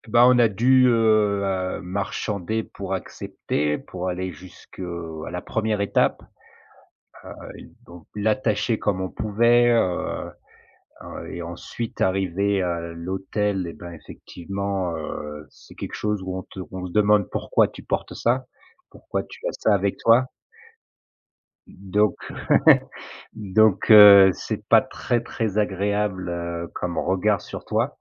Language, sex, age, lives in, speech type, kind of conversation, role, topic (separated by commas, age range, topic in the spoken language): French, male, 40-44, France, conversation, podcast, guest, Peux-tu me raconter une grosse galère de voyage ?